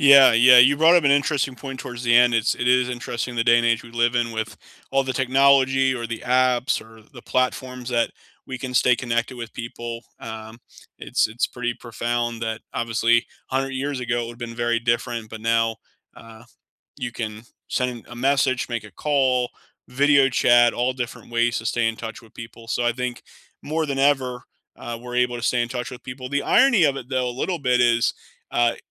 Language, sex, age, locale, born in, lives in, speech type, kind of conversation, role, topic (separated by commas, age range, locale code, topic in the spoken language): English, male, 30-34, en-US, United States, United States, conversation, unstructured, none, How do you build trust and set boundaries in everyday relationships?